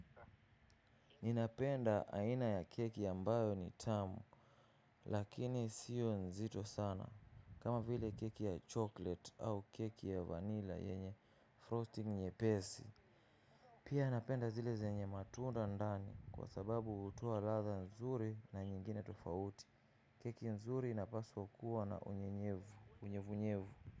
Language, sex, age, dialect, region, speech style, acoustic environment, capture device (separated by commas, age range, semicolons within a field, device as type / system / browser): Swahili, male, 25-34, Kenya - Nairobi Sheng-influenced, Kenya, relaxed, silence, mobile / macOS / Safari